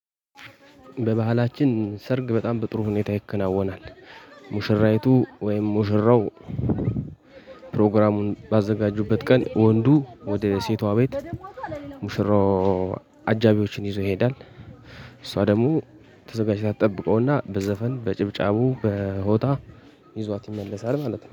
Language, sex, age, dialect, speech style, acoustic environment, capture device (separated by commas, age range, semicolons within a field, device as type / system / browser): Amharic, male, 18-24, Ethiopia - Gojjam, happy, people talking, mobile / Linux / Chrome